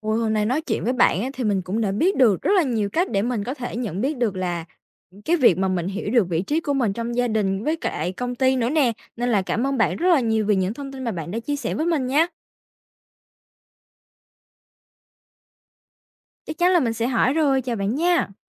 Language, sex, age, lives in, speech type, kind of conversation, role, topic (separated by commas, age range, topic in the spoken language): Vietnamese, female, 18-19, Vietnam, read, expert-assistant, asker, Làm sao để hiểu vị trí của mình trong gia đình, công ty và xã hội?